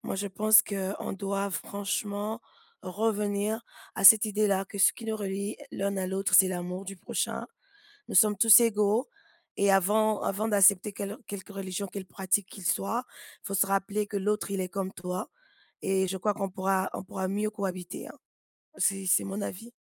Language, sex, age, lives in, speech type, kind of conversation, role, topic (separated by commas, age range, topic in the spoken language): French, female, 40-44, United States, conversation, unstructured, none, Comment la religion peut-elle unir ou diviser les gens ?